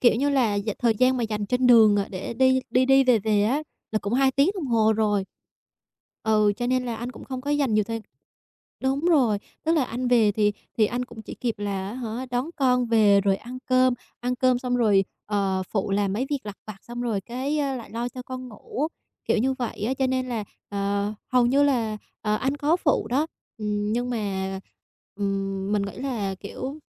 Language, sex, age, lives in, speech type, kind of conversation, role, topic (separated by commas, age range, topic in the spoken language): Vietnamese, female, 25-29, Vietnam, conversation, advice, user, Làm sao để tôi cân bằng nhu cầu cá nhân với gia đình mà vẫn không làm gia đình buồn?